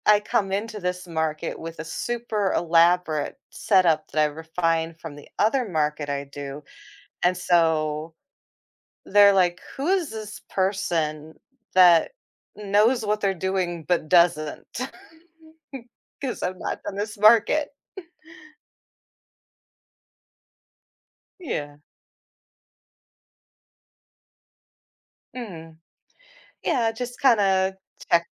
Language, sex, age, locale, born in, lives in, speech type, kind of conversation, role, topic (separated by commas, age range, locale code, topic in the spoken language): English, female, 40-44, en-US, United States, United States, conversation, podcast, guest, What are some meaningful ways we can help others feel more included in our communities?